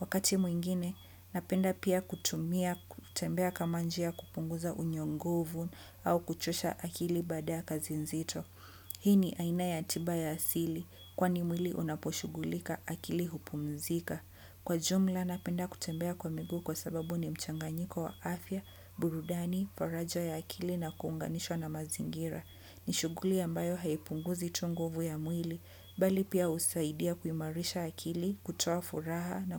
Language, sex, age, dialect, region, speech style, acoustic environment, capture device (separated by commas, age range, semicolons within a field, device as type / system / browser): Swahili, female, 25-34, Kenya - Mombasa, Kenya, happy, nature; people talking; construction; appliances, desktop / Windows / Chrome